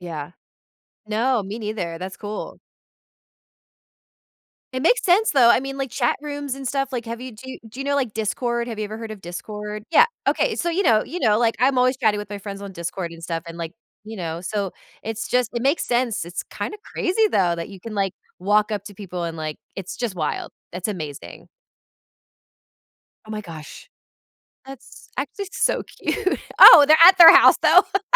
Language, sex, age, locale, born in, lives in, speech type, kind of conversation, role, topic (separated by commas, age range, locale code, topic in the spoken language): English, female, 35-39, en-US, United States, United States, conversation, advice, advisor, How can I stay positive and manage stress during a major life change?